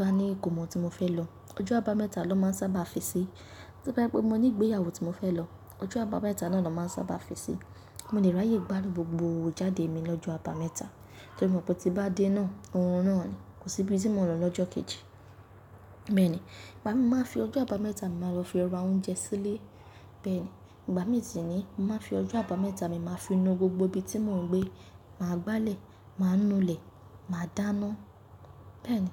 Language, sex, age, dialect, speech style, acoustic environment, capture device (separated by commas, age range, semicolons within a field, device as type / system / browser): Yoruba, female, 18-24, Nigeria - Nigerian Standard, nervous; excited, people talking; nature, mobile / Linux / Chrome